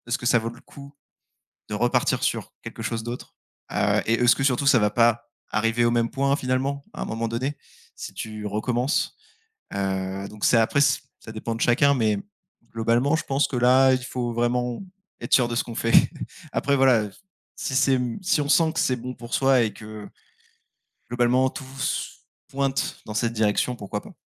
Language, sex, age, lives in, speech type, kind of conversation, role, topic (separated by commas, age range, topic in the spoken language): French, male, 25-29, France, conversation, unstructured, none, Comment décides-tu quelles opportunités saisir pour ton avenir ?